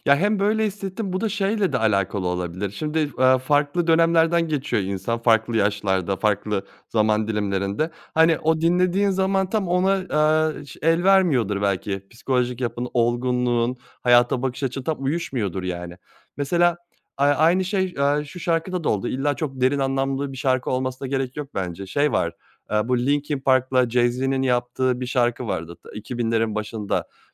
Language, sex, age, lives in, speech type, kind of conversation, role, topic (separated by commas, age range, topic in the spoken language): Turkish, male, 30-34, Germany, conversation, podcast, guest, Yeni bir şarkıyı keşfettiğinizde ilk ne hissedersiniz?